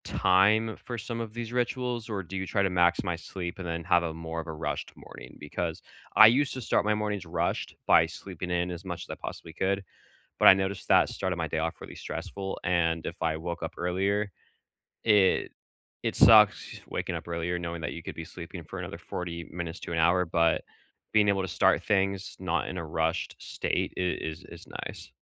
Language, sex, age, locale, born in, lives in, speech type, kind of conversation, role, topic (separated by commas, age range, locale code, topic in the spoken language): English, male, 25-29, en-US, United States, United States, conversation, unstructured, none, Which small, realistic morning rituals help you feel calm, and what could we learn from each other?